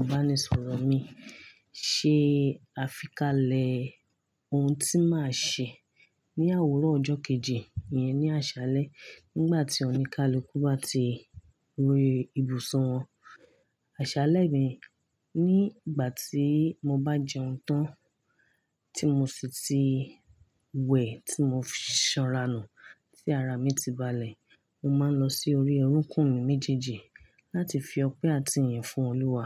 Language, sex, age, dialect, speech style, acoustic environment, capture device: Yoruba, female, 25-34, Nigeria - Ibadan, happy; focused; relaxed, nature; silence, mobile / Linux / Chrome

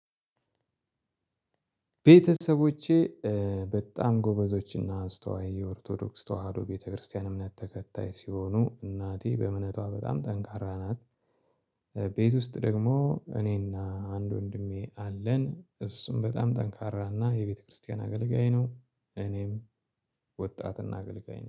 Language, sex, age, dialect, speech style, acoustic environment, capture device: Amharic, male, 35-44, Ethiopia - Addis Ababa, excited, silence, mobile / Linux / Chrome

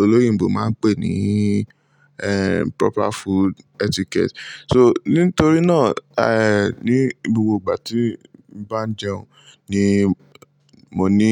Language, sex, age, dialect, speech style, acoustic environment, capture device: Yoruba, male, 18-24, Nigeria - Lagos Yoruba, relaxed, nature, mobile / Linux / Chrome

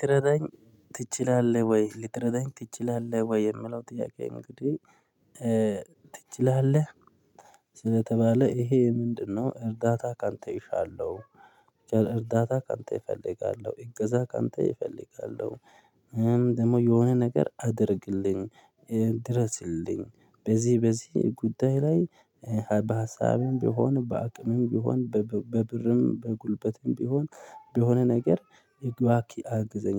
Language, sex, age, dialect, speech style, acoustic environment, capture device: Amharic, male, 25-34, Ethiopia - Addis Ababa, happy, people talking; traffic; music; nature; construction, mobile / Linux / Chrome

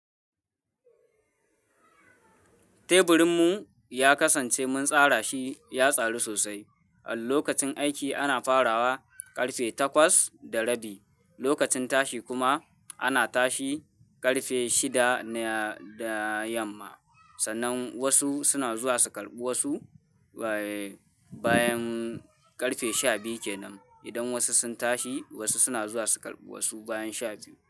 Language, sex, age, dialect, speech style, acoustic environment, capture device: Hausa, male, 18-24, Nigeria - Kano, happy, people talking, mobile / Linux / Chrome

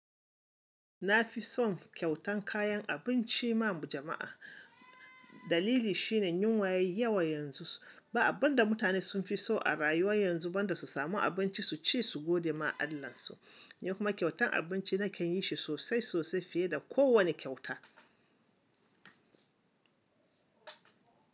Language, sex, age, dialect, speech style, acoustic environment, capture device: Hausa, male, 25-34, Nigeria - Kano, excited, appliances, mobile / Linux / Chrome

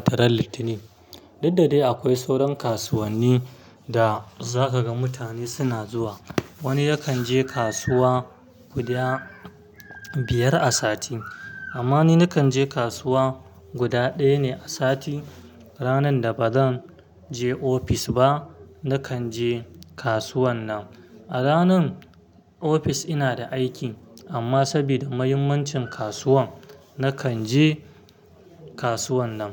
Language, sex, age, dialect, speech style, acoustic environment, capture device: Hausa, male, 25-34, Nigeria - Kano, stressed; happy, traffic; silence, mobile / Linux / Chrome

